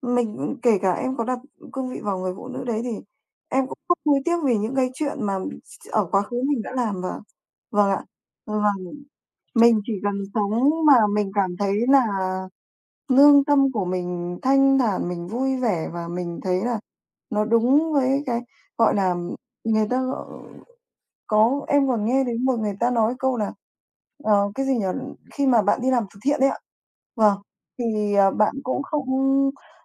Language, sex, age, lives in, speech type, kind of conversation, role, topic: Vietnamese, male, 30-34, Vietnam, conversation, unstructured, none, Bạn có nghĩ rằng lòng tốt rất quan trọng không, và vì sao?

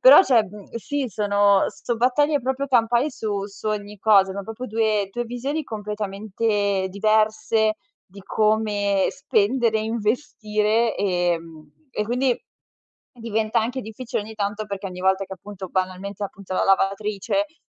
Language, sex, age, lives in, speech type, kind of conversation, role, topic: Italian, female, 25-29, Italy, conversation, advice, user, Perché io e il mio/la mia partner litighiamo spesso per le spese quotidiane?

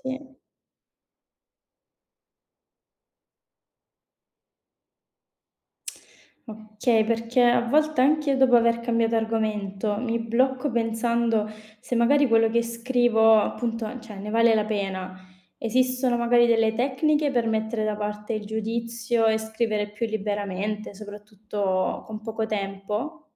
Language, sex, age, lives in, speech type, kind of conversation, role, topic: Italian, female, 25-29, Italy, read, expert-assistant, asker, Come si può superare il blocco dello scrittore quando si ha poco tempo libero per dedicarsi alla scrittura creativa?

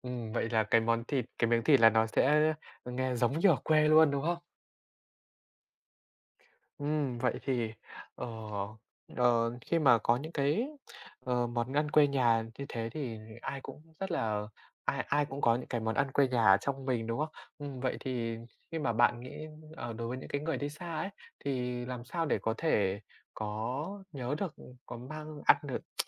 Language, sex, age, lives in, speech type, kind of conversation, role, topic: Vietnamese, male, 20-24, Vietnam, conversation, podcast, host, Món ăn quê nhà nào gợi lại ký ức vị giác của bạn?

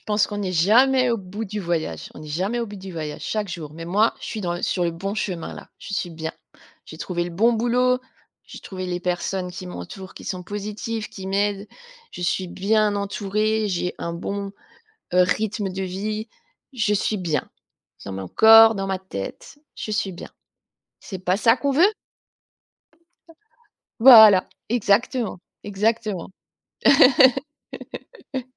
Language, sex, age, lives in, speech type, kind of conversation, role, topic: French, female, 45-49, France, conversation, podcast, guest, Quels conseils donnerais‑tu à quelqu’un qui souhaite repartir de zéro ?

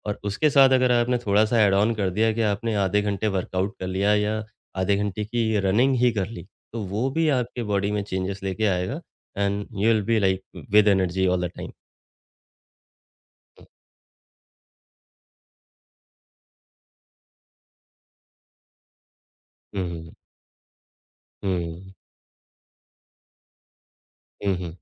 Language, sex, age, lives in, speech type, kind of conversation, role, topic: Hindi, male, 35-39, India, conversation, podcast, guest, Busy routine mein aap jaldi aur poshtik naashte mein kya lete hain?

